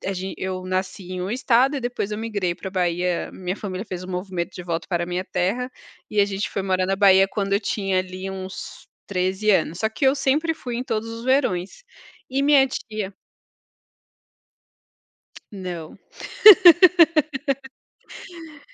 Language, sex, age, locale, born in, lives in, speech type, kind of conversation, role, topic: Portuguese, female, 30-34, pt-BR, Brazil, France, conversation, unstructured, none, Qual é a comida de antigamente que você não consegue nem lembrar sem sentir nojo?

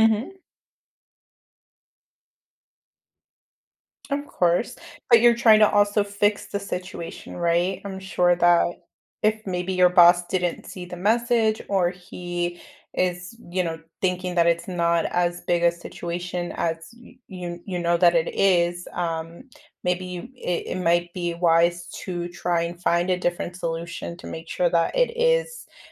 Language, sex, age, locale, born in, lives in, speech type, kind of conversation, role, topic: English, female, 30-34, en-US, United States, United States, conversation, advice, advisor, How can I rebuild my confidence and move forward after a major mistake?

